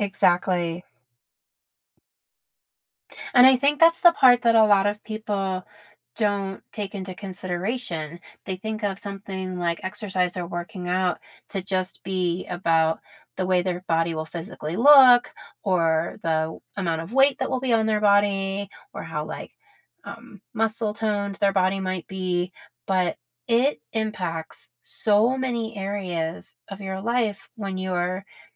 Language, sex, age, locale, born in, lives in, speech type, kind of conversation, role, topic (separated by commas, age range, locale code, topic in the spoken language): English, female, 50-54, en-US, United States, United States, conversation, unstructured, none, Have you ever reached a fitness goal that you didn’t think was possible?